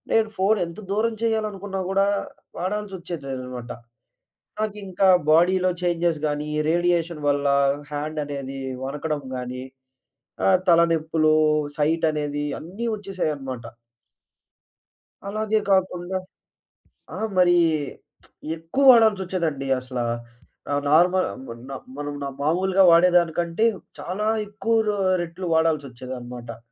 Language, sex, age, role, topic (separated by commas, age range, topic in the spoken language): Telugu, male, 20-24, guest, మొబైల్‌ను ఆఫ్ చేసి బయట ఉండటం నీకు ఎలా సహాయపడింది?